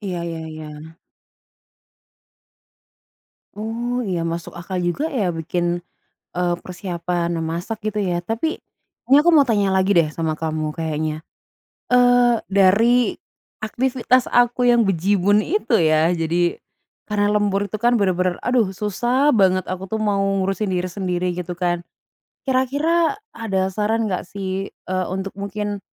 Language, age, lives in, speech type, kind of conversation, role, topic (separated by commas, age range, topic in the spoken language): Indonesian, 25-29, Indonesia, conversation, advice, user, Bagaimana cara tetap sempat memasak makanan sehat meski sering lembur?